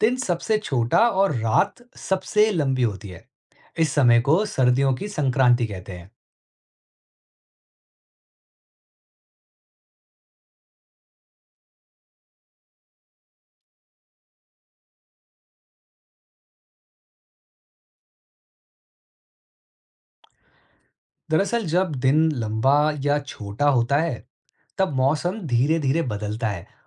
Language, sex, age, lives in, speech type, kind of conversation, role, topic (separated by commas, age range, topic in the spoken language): Hindi, male, 35-39, India, read, expert-assistant, expert, सूरज पूरब से ही क्यों निकलता है?